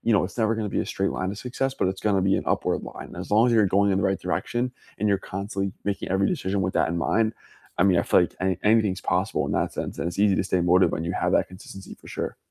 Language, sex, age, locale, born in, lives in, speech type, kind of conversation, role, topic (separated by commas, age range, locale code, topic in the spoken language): English, male, 20-24, en-US, United States, United States, conversation, unstructured, none, How do you usually stay motivated toward your goals?